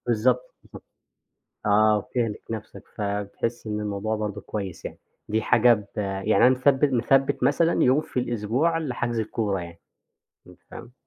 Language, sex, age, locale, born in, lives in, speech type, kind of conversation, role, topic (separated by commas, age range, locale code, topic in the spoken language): Arabic, male, 25-29, ar-EG, Egypt, Egypt, conversation, podcast, guest, إزاي بتفصل بين الشغل وحياتك الشخصية وإنت بتشتغل من البيت؟